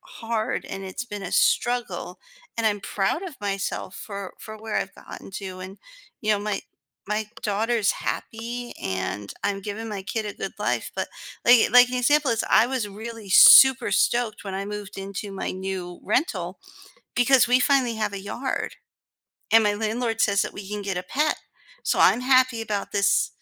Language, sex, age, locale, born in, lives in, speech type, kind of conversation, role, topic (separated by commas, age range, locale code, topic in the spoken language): English, female, 40-44, en-US, United States, United States, conversation, advice, user, How can I stop comparing my family to others and build a happier home?